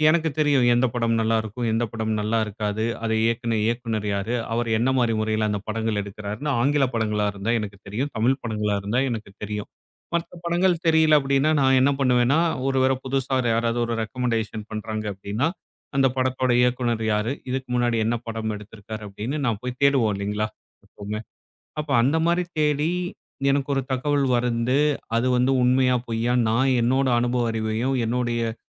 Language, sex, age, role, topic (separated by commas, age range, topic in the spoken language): Tamil, male, 35-39, guest, சமூக ஊடக வழிமுறைகள் நமது மனநிலையை எப்படிப் பாதிக்கின்றன என்று நீங்கள் என்ன நினைக்கிறீர்கள்?